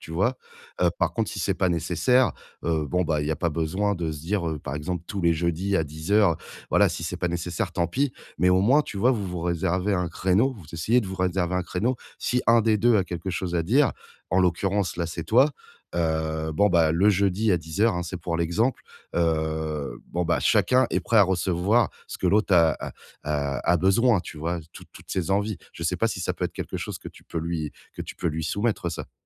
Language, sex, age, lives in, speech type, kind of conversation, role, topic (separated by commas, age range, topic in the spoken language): French, male, 35-39, France, conversation, advice, advisor, Comment exprimer clairement et calmement mes besoins à quelqu’un sans le blesser ?